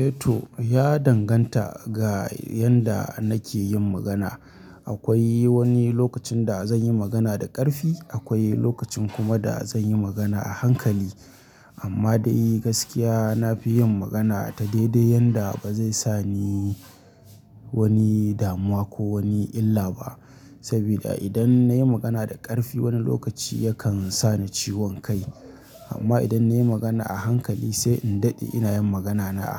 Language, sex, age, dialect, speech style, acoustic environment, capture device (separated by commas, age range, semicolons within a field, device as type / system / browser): Hausa, male, 25-34, Nigeria - Kano, happy; anxious; tired; relaxed; nervous; excited; focused; stressed, people talking; music; nature; silence; traffic; construction; appliances, mobile / Linux / Chrome